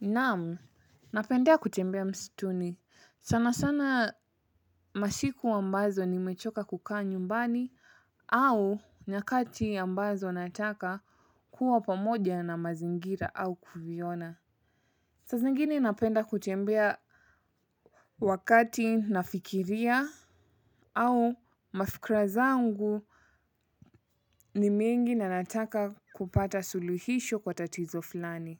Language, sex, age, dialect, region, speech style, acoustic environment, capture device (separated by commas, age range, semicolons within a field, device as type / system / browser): Swahili, female, 25-34, Kenya - Mombasa, Kenya, happy; relaxed; excited, silence, mobile / Linux / Chrome